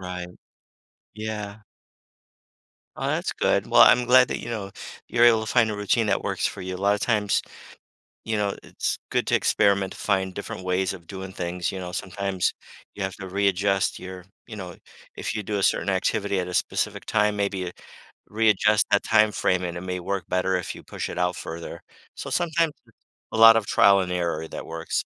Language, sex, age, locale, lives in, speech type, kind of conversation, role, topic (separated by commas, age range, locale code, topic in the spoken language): English, male, 55-59, en-US, United States, conversation, advice, advisor, How can I balance work and personal life?